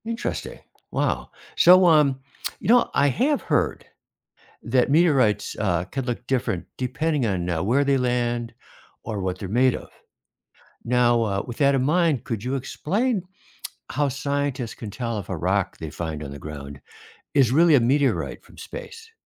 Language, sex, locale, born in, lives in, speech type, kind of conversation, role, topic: English, male, en-US, United States, United States, read, expert-assistant, asker, What causes a shooting star to appear in the night sky?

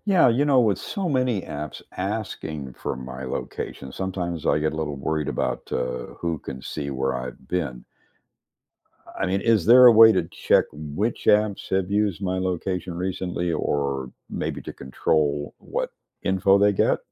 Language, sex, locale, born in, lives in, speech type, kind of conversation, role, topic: English, male, en-US, United States, United States, read, expert-assistant, asker, How do phones determine and manage your location, and what happens to your location data?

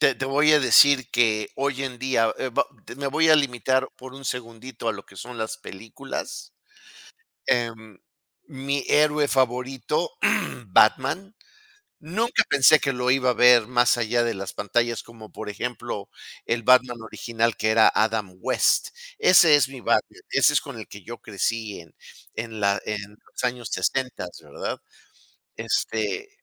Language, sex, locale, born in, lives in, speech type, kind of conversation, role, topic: Spanish, male, es-MX, Mexico, United States, conversation, unstructured, none, ¿Cómo ha cambiado el entretenimiento con la tecnología?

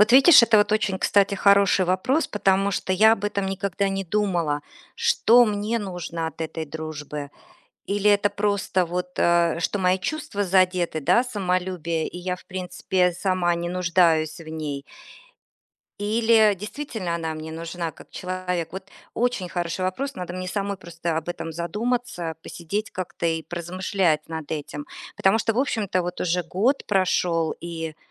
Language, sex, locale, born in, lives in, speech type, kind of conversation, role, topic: Russian, female, ru-RU, Russia, United States, conversation, advice, user, Как справиться с тем, что друг отдалился после больших жизненных изменений?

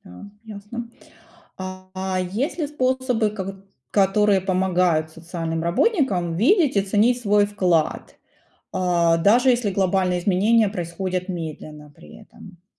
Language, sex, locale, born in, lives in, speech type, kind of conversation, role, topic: Russian, female, ru-RU, Ukraine, United States, read, expert-assistant, asker, Какие плюсы и минусы есть у работы социального работника для самого человека и для общества?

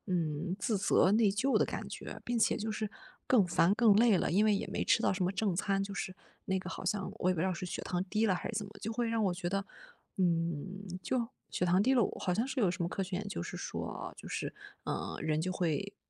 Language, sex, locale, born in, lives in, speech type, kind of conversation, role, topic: Chinese, female, zh-CN, China, Germany, conversation, advice, user, 我怎样通过按时吃正餐来稳定情绪？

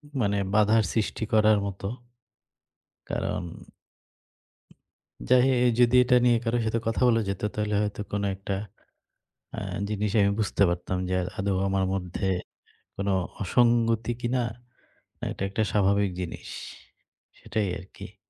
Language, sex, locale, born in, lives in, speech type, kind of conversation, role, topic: Bengali, male, bn-BD, Bangladesh, Bangladesh, conversation, advice, user, ব্যায়াম রুটিন শুরু করতে ইচ্ছা থাকলেও আপনি কোন বাধাগুলোর কারণে তা শুরু করতে পারছেন না?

